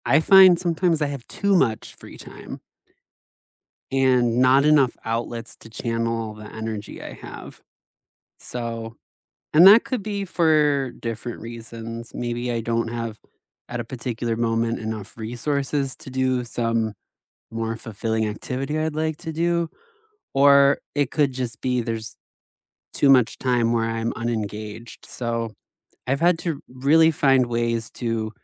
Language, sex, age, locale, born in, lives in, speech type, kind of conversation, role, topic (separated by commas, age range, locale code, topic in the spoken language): English, male, 30-34, en-US, United States, United States, conversation, unstructured, none, How do you balance work and personal life to feel at peace?